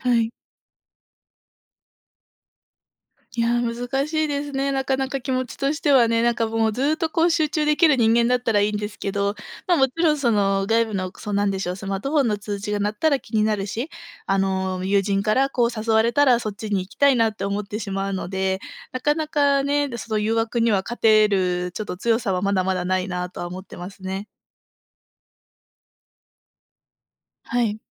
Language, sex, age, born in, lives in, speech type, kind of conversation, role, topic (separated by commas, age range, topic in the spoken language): Japanese, female, 25-29, Japan, Japan, conversation, advice, user, 長時間にわたって集中が続かず、作業をすぐ中断してしまうのはなぜですか？